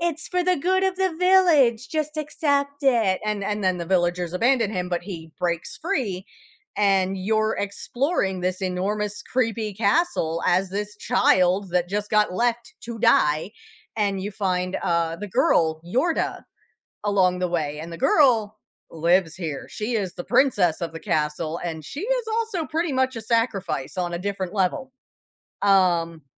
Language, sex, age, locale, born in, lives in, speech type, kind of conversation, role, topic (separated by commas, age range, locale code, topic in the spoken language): English, female, 35-39, en-US, United States, United States, conversation, podcast, guest, How do you like to unwind in the evening?